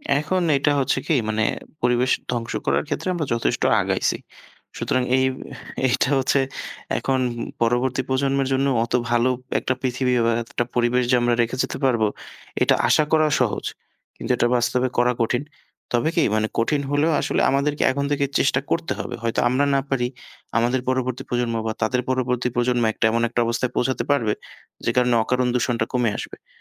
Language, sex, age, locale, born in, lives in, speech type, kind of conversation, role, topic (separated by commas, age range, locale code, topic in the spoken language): Bengali, male, 25-29, bn-BD, Bangladesh, Bangladesh, conversation, unstructured, none, পরিবেশের ক্ষতি হলে আমাদের ভবিষ্যৎ কেমন হতে পারে?